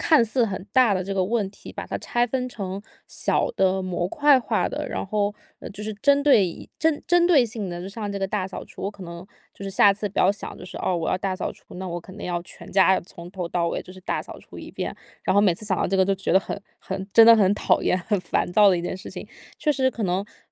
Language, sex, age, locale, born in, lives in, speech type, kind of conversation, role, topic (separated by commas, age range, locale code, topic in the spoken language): Chinese, female, 25-29, zh-CN, China, United States, conversation, advice, user, 我怎样才能恢复一致性，不再拖延和犹豫？